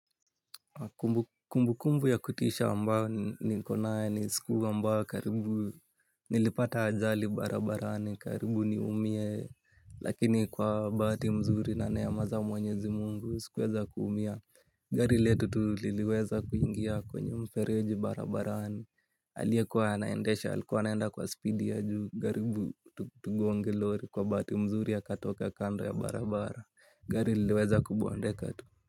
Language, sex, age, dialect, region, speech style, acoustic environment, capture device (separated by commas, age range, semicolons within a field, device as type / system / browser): Swahili, male, 18-24, Kenya - Nairobi Sheng-influenced, Kenya, relaxed, nature, mobile / Linux / Chrome